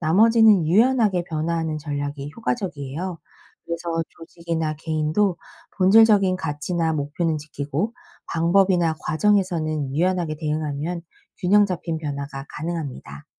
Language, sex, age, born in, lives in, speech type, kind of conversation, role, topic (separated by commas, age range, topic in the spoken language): Korean, female, 40-44, South Korea, United States, read, expert-assistant, expert, 진화의 원리를 삶의 결정에 적용할 수 있을까요? 예를 들어, 변화에 적응하는 능력이 인생에서 중요한 선택을 할 때 어떻게 도움이 되는지 궁금합니다.